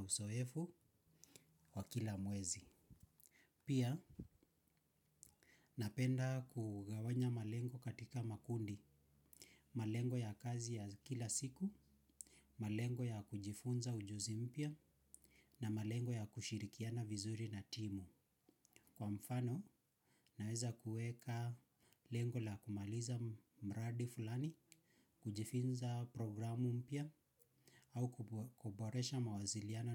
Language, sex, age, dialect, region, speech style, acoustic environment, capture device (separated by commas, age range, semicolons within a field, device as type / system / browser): Swahili, male, 25-34, Kenya - Nairobi Sheng-influenced, Kenya, relaxed; focused, silence, mobile / Linux / Chrome